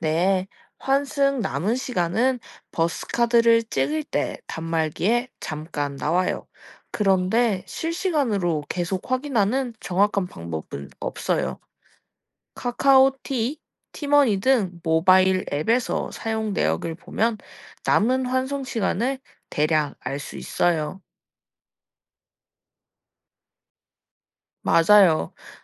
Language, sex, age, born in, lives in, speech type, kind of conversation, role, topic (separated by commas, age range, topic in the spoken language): Korean, female, 20-24, South Korea, Japan, read, expert-assistant, expert, 버스카드는 어떻게 요금을 계산하나요?